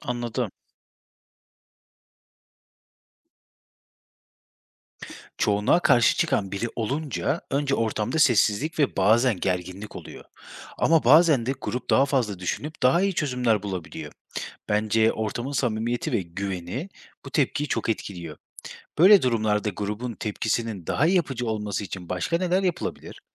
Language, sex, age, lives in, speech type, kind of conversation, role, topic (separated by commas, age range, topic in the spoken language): Turkish, male, 30-34, Germany, read, expert-assistant, asker, İnsanların neden belirli şekilde davrandığını öğrenmek için sizce en keyifli bilgi kaynakları neler olabilir?